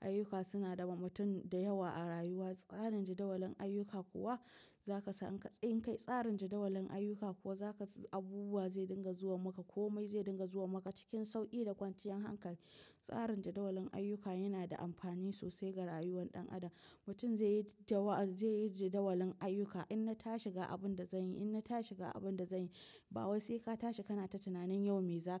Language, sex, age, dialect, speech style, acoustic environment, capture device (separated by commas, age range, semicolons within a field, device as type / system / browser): Hausa, female, 25-34, Nigeria - Nigerian Standard, tired; relaxed; focused, appliances; nature; silence, mobile / macOS / Safari